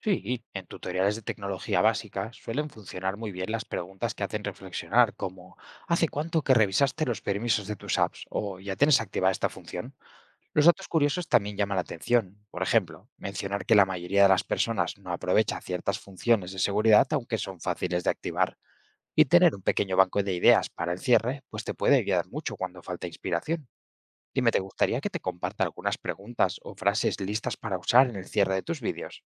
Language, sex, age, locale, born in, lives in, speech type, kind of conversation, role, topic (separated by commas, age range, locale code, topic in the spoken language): Spanish, male, 25-29, es-ES, Spain, Spain, read, expert-assistant, expert, ¿Cómo crear videos educativos cortos y efectivos para destacar en redes sociales?